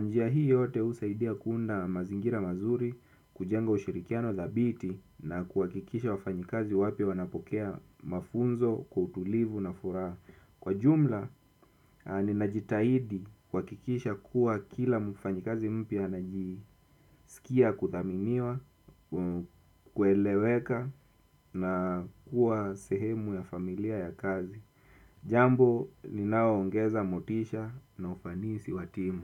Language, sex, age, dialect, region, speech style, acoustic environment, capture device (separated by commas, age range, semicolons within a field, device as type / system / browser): Swahili, male, 25-34, Kenya - Mombasa, Kenya, nervous; anxious, silence, desktop / Windows / Chrome